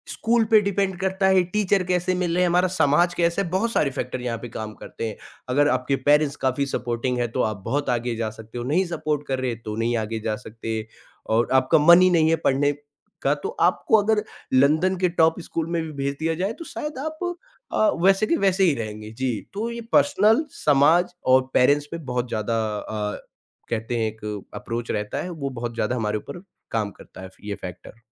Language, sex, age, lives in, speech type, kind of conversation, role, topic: Hindi, male, 20-24, India, conversation, podcast, guest, Student mental health ko school kitni priority deta hai?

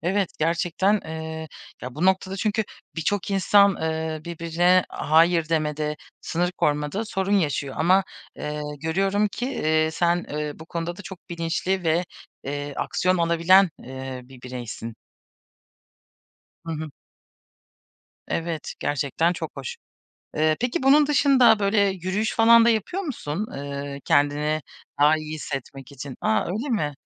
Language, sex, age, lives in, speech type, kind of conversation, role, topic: Turkish, female, 30-34, Germany, conversation, podcast, host, Zamanı verimli kullanmanın basit yolları neler?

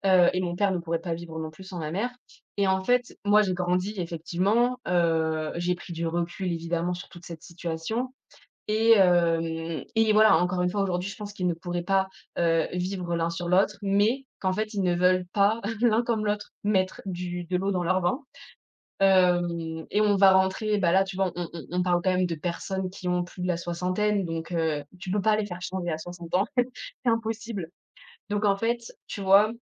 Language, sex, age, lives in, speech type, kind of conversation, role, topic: French, female, 25-29, France, conversation, podcast, guest, Comment ta famille parle-t-elle du mariage et des relations ?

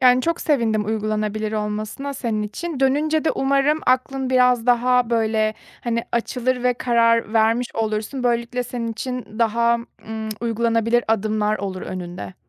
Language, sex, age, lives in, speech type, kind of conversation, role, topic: Turkish, female, 30-34, Germany, conversation, advice, advisor, Yeni bir ilişkide kendime iyi bakarken sağlıklı sınırlarımı nasıl belirleyip koruyabilirim?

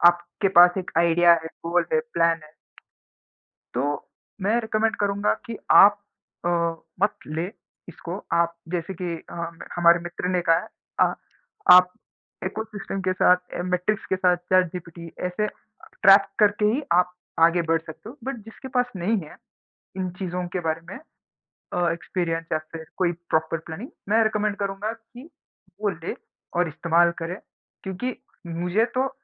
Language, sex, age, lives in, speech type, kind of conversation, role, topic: Hindi, male, 20-24, India, conversation, unstructured, none, क्या आप फिटनेस ट्रैकर के बिना रह सकते हैं?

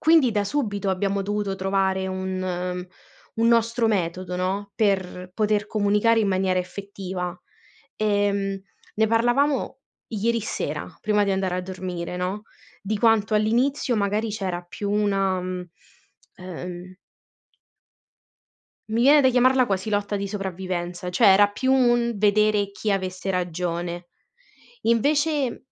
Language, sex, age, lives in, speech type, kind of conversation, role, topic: Italian, female, 20-24, Italy, conversation, unstructured, none, Come si può mantenere la calma durante un confronto difficile?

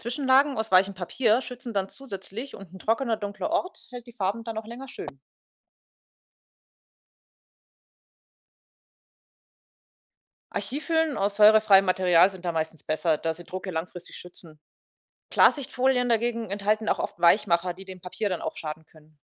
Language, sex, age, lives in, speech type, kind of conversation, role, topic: German, female, 30-34, Germany, read, expert-assistant, expert, Woran kann es liegen, dass Farben in digitalen Bildern am Bildschirm anders aussehen als beim Drucken?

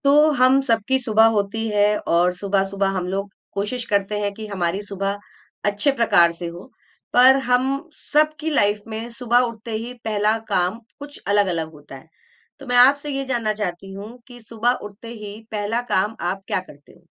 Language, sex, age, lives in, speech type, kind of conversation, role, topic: Hindi, female, 45-49, India, conversation, podcast, host, Subah uthte hi tum sabse pehle kya karte ho?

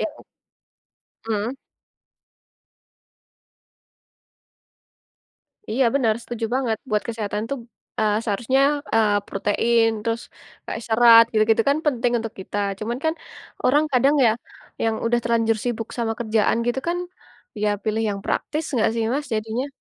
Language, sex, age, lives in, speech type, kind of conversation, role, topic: Indonesian, female, 20-24, Indonesia, conversation, unstructured, none, Apa pendapatmu tentang makanan cepat saji dan dampaknya terhadap kesehatan?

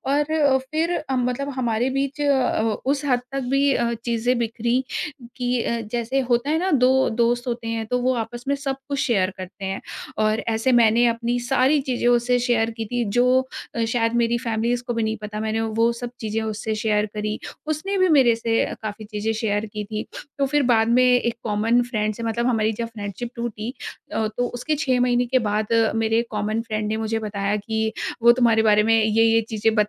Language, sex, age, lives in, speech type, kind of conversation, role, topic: Hindi, female, 30-34, India, conversation, advice, user, करीबी दोस्त से बड़ी बहस के बाद रिश्ते को कैसे ठीक किया जाए?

